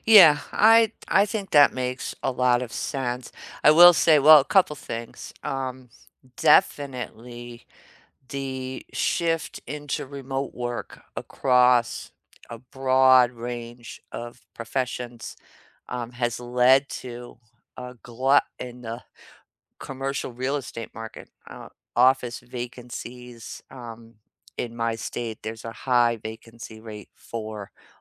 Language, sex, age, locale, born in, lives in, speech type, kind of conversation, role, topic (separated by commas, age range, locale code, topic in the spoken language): English, female, 55-59, en-US, United States, United States, conversation, unstructured, none, What do you think about the rise of remote work in recent years?